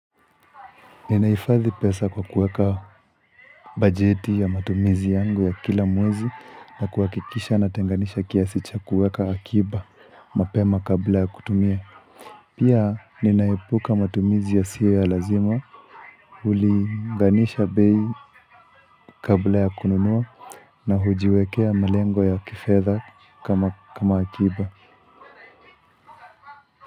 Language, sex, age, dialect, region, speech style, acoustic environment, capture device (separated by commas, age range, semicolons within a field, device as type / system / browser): Swahili, male, 25-34, Kenya - Nairobi Sheng-influenced, Kenya, relaxed, people talking, mobile / Linux / Chrome